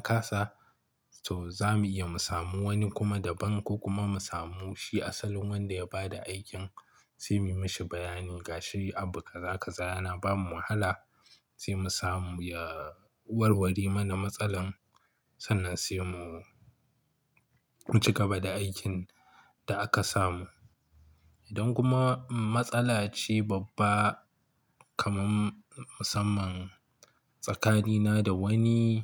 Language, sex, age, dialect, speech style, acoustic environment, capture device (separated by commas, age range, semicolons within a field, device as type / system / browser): Hausa, male, 25-34, Nigeria - Kano, relaxed, silence, mobile / Linux / Chrome